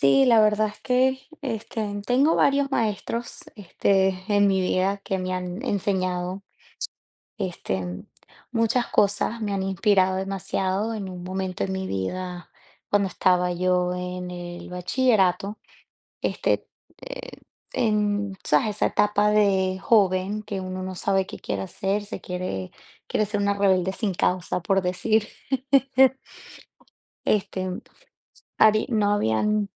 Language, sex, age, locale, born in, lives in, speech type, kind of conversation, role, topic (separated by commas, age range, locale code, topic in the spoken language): Spanish, female, 30-34, es-VE, Venezuela, United States, conversation, unstructured, none, ¿Recuerdas a algún maestro que te haya inspirado mucho?